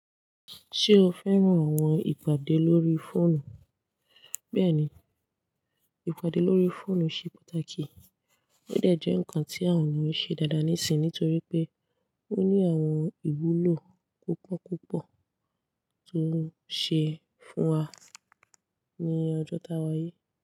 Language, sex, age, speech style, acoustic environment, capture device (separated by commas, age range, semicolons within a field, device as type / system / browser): Yoruba, female, 18-24, relaxed, silence, desktop / Linux / Chrome